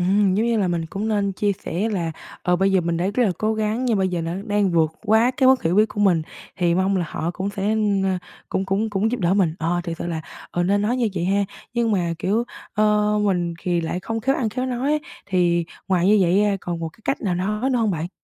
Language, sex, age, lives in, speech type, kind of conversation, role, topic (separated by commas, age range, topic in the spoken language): Vietnamese, female, 18-19, Vietnam, conversation, advice, user, Làm sao để tôi không còn xấu hổ khi xin giúp đỡ?